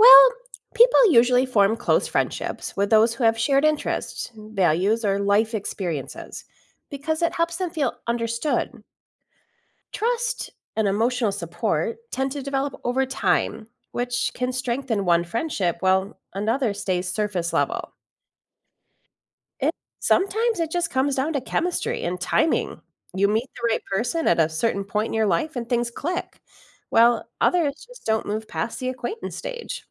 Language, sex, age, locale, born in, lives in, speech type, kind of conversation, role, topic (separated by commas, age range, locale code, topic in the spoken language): English, female, 45-49, en-US, United States, United States, read, expert-assistant, expert, Why do people form close friendships with certain individuals?